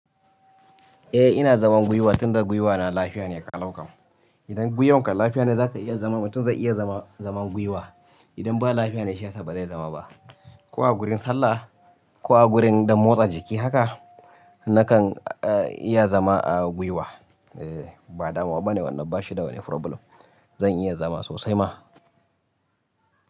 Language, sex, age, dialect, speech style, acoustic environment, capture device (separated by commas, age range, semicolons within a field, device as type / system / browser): Hausa, male, 25-34, Nigeria - Kano, happy, people talking, mobile / Linux / Chrome